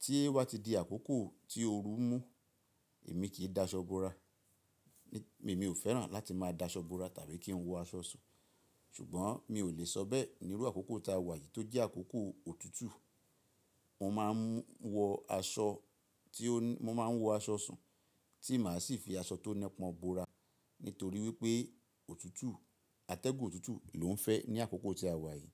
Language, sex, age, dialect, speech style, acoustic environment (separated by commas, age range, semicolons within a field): Yoruba, male, 45-54, Nigeria - Ibadan, relaxed, nature